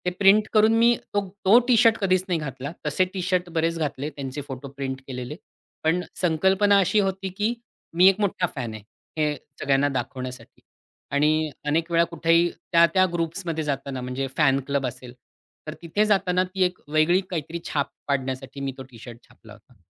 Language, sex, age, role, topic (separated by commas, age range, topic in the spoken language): Marathi, male, 40-44, guest, तुझी खास ओळख बनलेली वैयक्तिक वस्तू कोणती आहे आणि का?